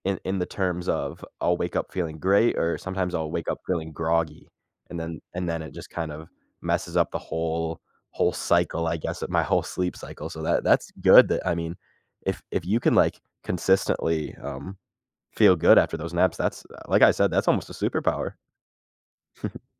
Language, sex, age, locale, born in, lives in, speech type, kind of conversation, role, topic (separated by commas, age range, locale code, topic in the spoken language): English, male, 18-19, en-US, United States, United States, conversation, podcast, host, What small routines make a big difference in your productivity?